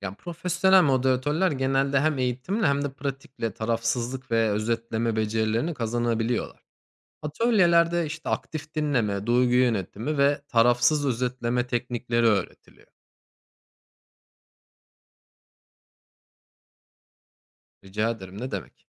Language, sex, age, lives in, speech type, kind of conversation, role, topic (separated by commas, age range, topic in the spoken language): Turkish, male, 30-34, Germany, read, expert-assistant, expert, Çeşitli ülkelerde siyasi tartışmaların daha yapıcı geçmesi için neler yapılabilir?